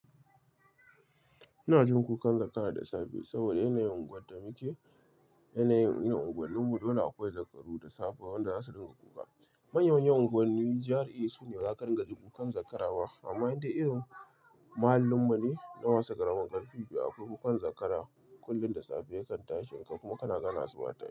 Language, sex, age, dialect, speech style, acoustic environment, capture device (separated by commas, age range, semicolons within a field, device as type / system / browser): Hausa, male, 25-34, Nigeria - Kano, relaxed; excited; focused, silence, mobile / Linux / Chrome